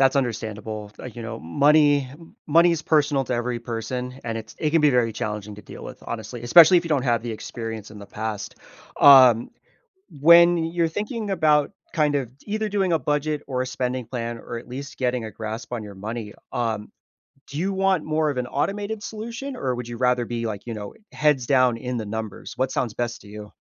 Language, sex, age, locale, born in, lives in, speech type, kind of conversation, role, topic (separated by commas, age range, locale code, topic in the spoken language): English, male, 30-34, en-US, United States, United States, conversation, advice, advisor, How can I reduce anxiety and feel more secure when my finances are uncertain?